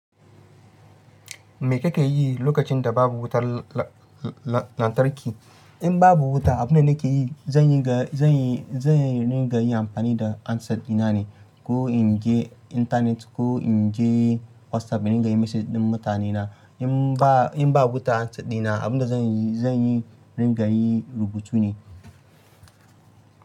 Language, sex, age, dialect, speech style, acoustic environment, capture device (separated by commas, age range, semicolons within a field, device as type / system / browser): Hausa, male, 25-34, Nigeria - Ibadan, happy, nature, mobile / Linux / Chrome